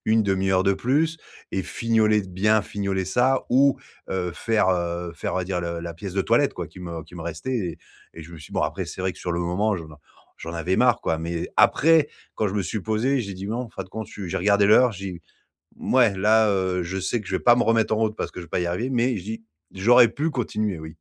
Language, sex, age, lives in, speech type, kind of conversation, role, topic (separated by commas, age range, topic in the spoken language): French, male, 40-44, France, conversation, advice, user, Comment puis-je équilibrer ma passion, mon travail et mon temps libre ?